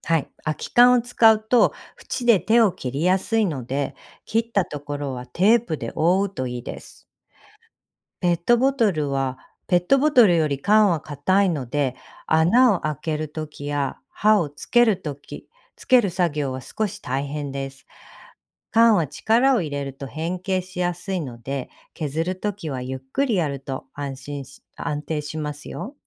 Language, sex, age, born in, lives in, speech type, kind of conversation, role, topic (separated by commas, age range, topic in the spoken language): Japanese, female, 45-49, Japan, United States, read, expert-assistant, expert, ペットボトルで作る鉛筆削りの作り方を教えてください